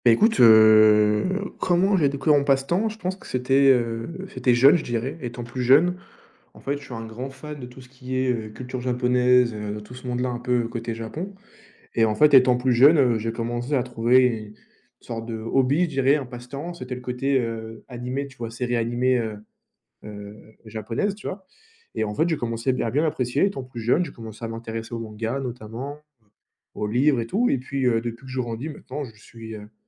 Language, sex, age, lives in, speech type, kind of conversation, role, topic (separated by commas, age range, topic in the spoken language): French, male, 20-24, France, conversation, unstructured, none, Comment as-tu découvert ton passe-temps préféré ?